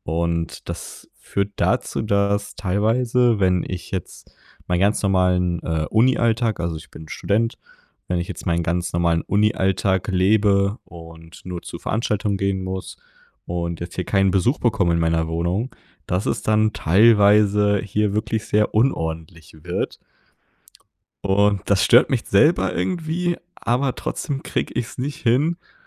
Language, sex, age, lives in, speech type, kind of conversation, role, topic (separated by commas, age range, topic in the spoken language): German, male, 20-24, Germany, conversation, advice, user, Wie kann ich meine tägliche Selbstdisziplin langfristig aufrechterhalten?